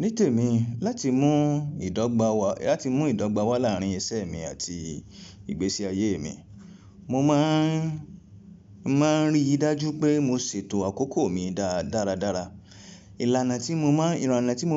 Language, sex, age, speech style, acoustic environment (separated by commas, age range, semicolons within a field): Yoruba, male, 25-34, relaxed, appliances; people talking